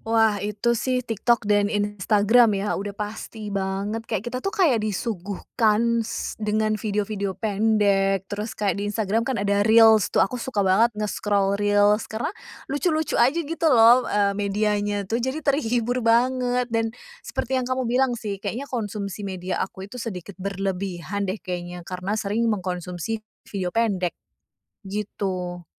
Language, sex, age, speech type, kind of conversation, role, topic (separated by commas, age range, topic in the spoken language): Indonesian, female, 30-34, conversation, advice, user, Kesulitan fokus karena gangguan ponsel dan media sosial